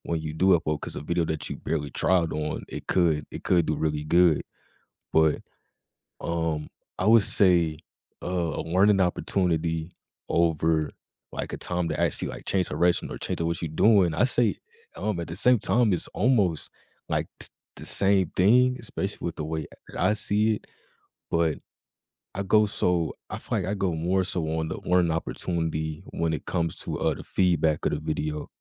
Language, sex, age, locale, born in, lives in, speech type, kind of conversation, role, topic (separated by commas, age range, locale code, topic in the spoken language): English, male, 20-24, en-US, United States, United States, conversation, podcast, guest, How can setbacks shape your growth and perspective in your professional life?